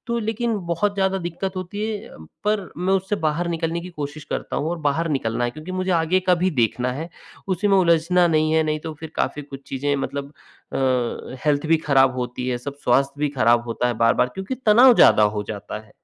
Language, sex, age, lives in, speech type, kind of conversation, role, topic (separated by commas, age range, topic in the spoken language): Hindi, male, 45-49, India, conversation, advice, user, टूटे रिश्ते और खोई हुई उम्मीदों के दुख से मैं कैसे उबरूँ?